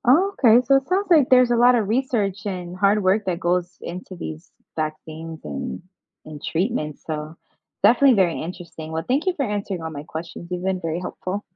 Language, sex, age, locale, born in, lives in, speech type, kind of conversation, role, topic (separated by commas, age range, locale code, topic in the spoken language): English, female, 25-29, en-US, United States, United States, read, expert-assistant, asker, What are the main differences between bacteria and viruses?